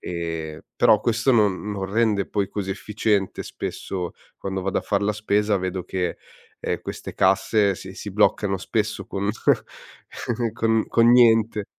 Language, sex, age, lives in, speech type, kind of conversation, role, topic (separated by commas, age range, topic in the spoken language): Italian, male, 30-34, Italy, conversation, podcast, guest, come la tecnologia ha cambiato il tuo modo di lavorare?